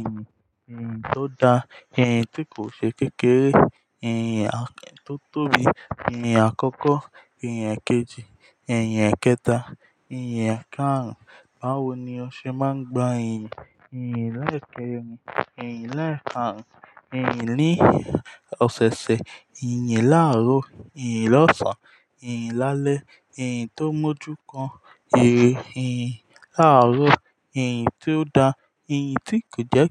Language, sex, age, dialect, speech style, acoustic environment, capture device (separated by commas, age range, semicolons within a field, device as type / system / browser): Yoruba, male, 18-24, Nigeria - Ijebu, focused; happy, silence, mobile / Linux / Chrome